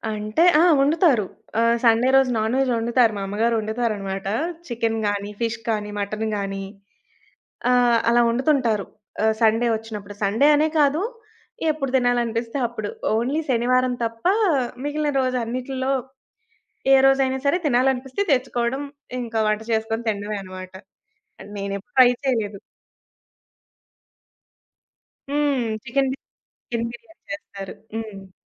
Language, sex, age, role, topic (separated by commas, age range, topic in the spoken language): Telugu, female, 20-24, guest, స్థానిక వంటకాన్ని మొదటిసారిగా రుచి చూసినప్పుడు మీ అనుభవం ఎలా ఉండింది?